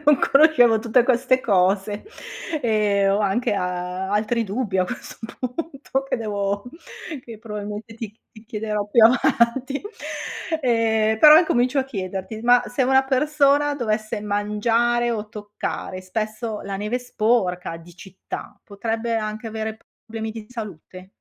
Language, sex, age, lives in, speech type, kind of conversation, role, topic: Italian, female, 55-59, Italy, read, expert-assistant, asker, Come si forma la neve?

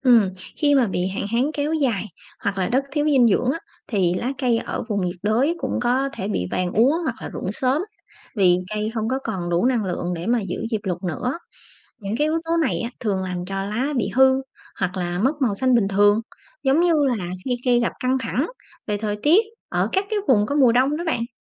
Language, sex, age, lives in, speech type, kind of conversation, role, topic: Vietnamese, female, 30-34, Vietnam, read, expert-assistant, expert, Tại sao lá cây lại có màu xanh?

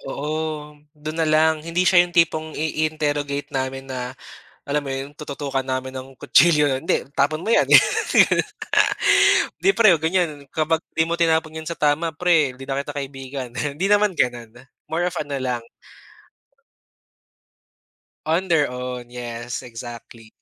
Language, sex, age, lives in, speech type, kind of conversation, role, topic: Filipino, male, 25-29, Philippines, conversation, podcast, guest, Ano ang ginagawa mo para mabawasan ang paggamit ng plastik sa araw-araw?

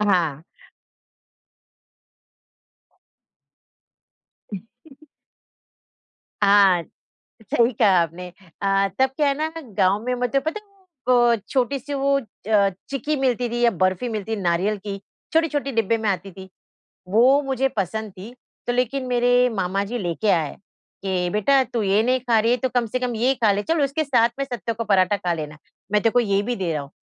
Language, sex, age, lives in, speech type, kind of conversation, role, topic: Hindi, female, 50-54, India, conversation, podcast, guest, माँ के हाथ का खाना आपके लिए इतना खास क्यों है?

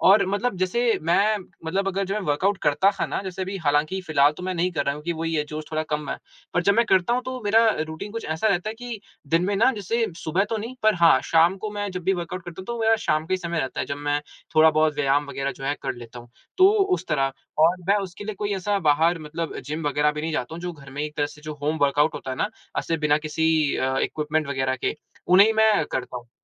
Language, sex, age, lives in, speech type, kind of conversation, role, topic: Hindi, male, 20-24, India, conversation, advice, user, वर्कआउट के लिए मेरी प्रेरणा क्यों घट गई है?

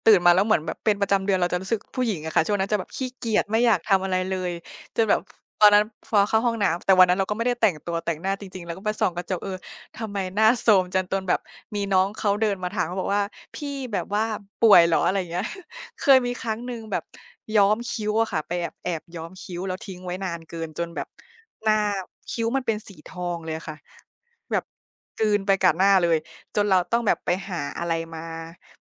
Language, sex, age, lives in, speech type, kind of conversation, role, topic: Thai, female, 25-29, Thailand, conversation, unstructured, none, เสื้อผ้าที่คุณใส่บอกอะไรเกี่ยวกับตัวคุณบ้าง?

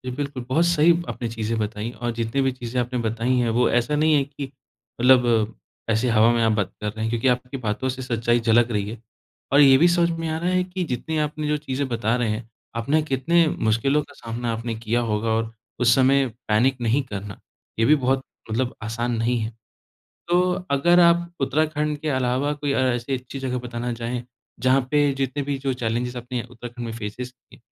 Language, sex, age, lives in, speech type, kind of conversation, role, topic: Hindi, male, 25-29, India, conversation, podcast, host, Solo travel karte waqt aapko sabse badi challenge kya mili?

